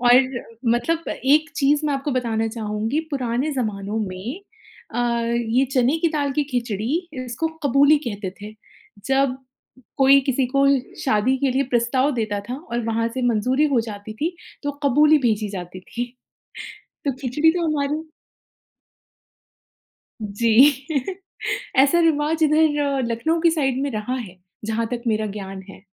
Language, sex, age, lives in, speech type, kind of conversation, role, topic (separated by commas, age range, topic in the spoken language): Hindi, female, 35-39, India, conversation, podcast, host, आपका सबसे पसंदीदा घर का बना खाना कौन सा है?